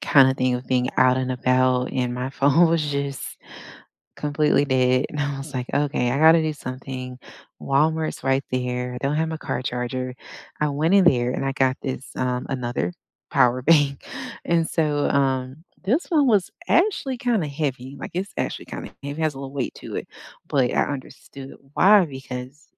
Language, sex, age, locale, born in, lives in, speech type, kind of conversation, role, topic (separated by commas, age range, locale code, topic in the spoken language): English, female, 35-39, en-US, United States, United States, conversation, unstructured, none, What portable chargers or power banks have actually saved you in everyday use, and when do they fall short?